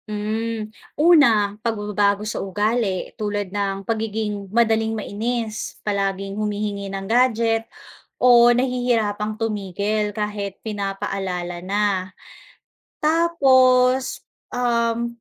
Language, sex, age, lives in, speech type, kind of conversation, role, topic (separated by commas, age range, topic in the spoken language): Filipino, female, 35-39, Philippines, read, expert-assistant, expert, Ano ang mga epekto ng sobrang paggamit ng gadgets sa kabataan?